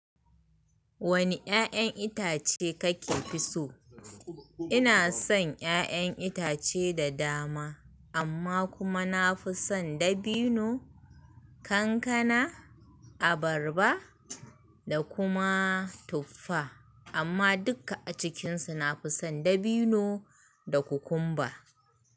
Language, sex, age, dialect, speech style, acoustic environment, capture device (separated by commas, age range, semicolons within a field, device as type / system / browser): Hausa, female, 25-34, Nigeria - Kano, happy; excited, people talking; nature, mobile / Linux / Chrome